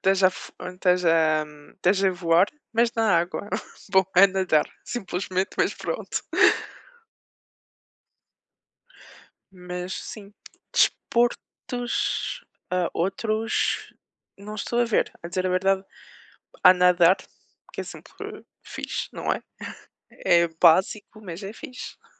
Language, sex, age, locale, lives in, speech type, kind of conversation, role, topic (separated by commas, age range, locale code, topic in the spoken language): Portuguese, female, 25-29, pt-PT, France, conversation, unstructured, none, Você escolheria passar um dia praticando esportes aquáticos ou um dia praticando esportes de inverno?